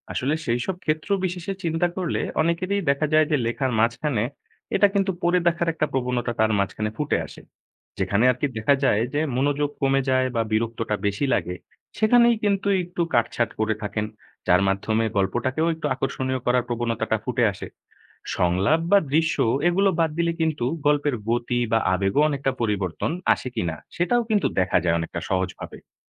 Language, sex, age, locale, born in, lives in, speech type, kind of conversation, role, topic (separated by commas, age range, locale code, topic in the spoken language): Bengali, male, 20-24, bn-BD, Bangladesh, Bangladesh, read, expert-assistant, expert, গল্প লেখার সময় ভাবনা ও চরিত্র কীভাবে গুছালে ভালো হয়?